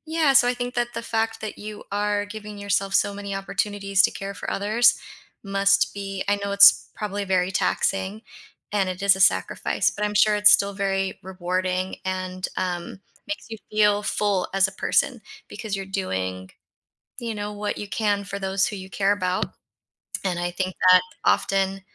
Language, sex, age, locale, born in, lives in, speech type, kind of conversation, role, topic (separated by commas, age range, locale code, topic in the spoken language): English, female, 40-44, en-US, United States, United States, conversation, unstructured, none, How can I share one thing I'm proud of about myself?